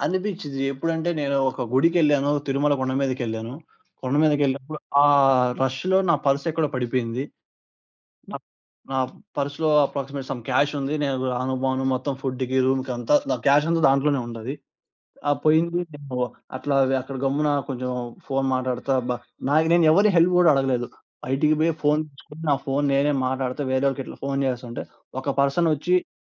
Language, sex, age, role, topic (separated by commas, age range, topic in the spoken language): Telugu, male, 20-24, guest, పక్కింటివారితో మీ సంబంధాలను ఎలా మరింత మెరుగుపరచుకుంటారు?